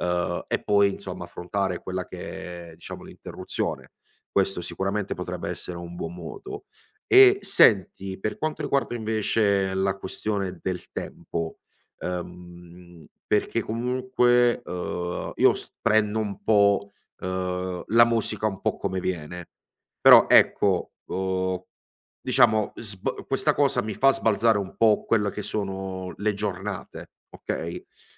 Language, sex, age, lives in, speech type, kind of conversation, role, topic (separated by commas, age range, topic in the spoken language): Italian, male, 25-29, Italy, conversation, advice, user, Come posso gestire le interruzioni frequenti che interrompono il flusso creativo?